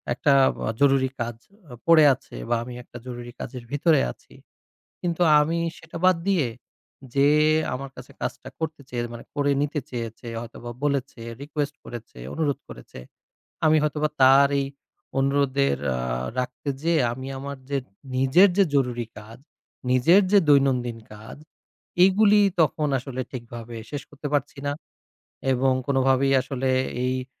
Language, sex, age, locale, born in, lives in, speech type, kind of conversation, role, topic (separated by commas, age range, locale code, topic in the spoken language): Bengali, male, 30-34, bn-BD, Bangladesh, Bangladesh, conversation, advice, user, না বলতে না পারা ও সীমা নির্ধারণে সমস্যার বিষয়ে আপনি কি বিস্তারিতভাবে বলতে পারেন?